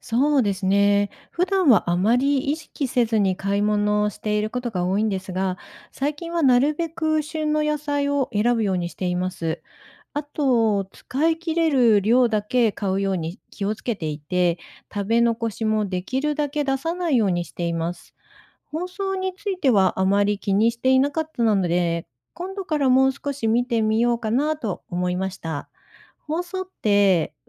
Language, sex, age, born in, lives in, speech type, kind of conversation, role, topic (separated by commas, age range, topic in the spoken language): Japanese, female, 55-59, Japan, Japan, read, expert-assistant, asker, 有機野菜は本当に環境にやさしいのですか？